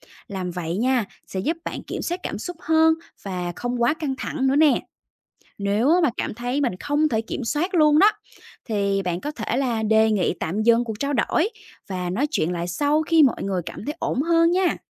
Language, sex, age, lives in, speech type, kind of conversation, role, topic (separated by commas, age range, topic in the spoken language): Vietnamese, female, 30-34, Vietnam, read, expert-assistant, expert, Những kỹ năng cần thiết để giải quyết mâu thuẫn trong cuộc sống